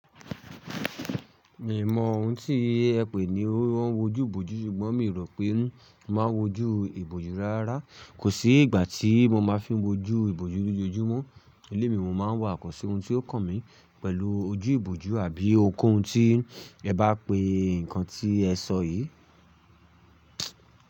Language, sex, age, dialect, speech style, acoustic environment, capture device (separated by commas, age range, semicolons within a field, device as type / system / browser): Yoruba, male, 25-34, Nigeria - Ibadan, stressed, silence, mobile / Linux / Chrome